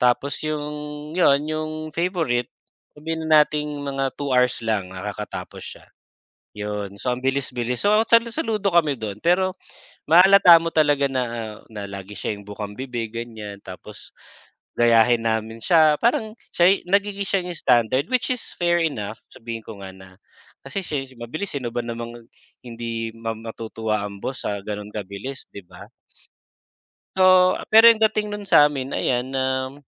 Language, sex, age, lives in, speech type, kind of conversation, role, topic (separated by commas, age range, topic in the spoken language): Filipino, male, 40-44, Philippines, conversation, unstructured, none, Ano ang masasabi mo tungkol sa pagkiling sa mga empleyado sa trabaho?